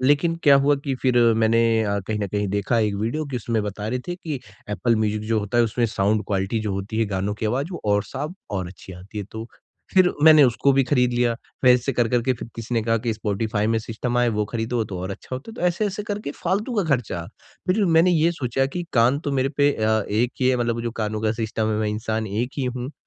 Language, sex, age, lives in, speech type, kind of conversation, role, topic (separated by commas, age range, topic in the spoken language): Hindi, male, 20-24, India, conversation, advice, user, भावनात्मक खर्च के कारण बनने वाले ट्रिगर्स को मैं कैसे पहचानूँ और नियंत्रित करूँ?